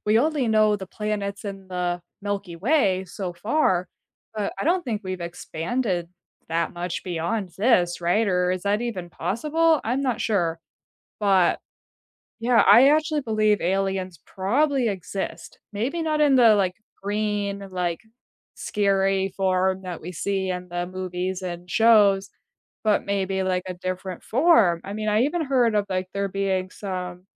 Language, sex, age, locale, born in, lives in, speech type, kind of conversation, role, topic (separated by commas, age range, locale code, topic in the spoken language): English, female, 25-29, en-US, United States, United States, conversation, unstructured, none, What is a surprising fact you know about space?